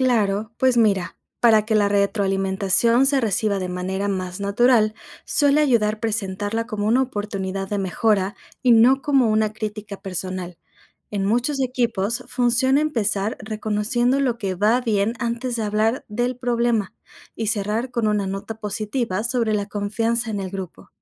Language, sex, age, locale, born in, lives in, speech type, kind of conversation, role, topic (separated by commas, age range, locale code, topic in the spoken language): Spanish, female, 25-29, es-MX, Mexico, Mexico, read, expert-assistant, expert, ¿Cómo impacta la cultura en la forma de trabajar y liderar equipos internacionales?